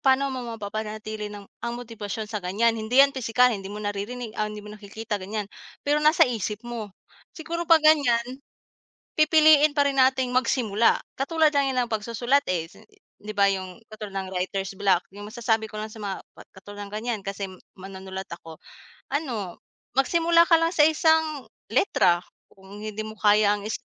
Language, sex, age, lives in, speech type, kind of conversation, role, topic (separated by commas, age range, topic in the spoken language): Filipino, female, 25-29, Philippines, conversation, unstructured, none, Ano ang sikreto mo sa pananatiling nakatuon sa mga layunin mo sa kabila ng mga panggambala?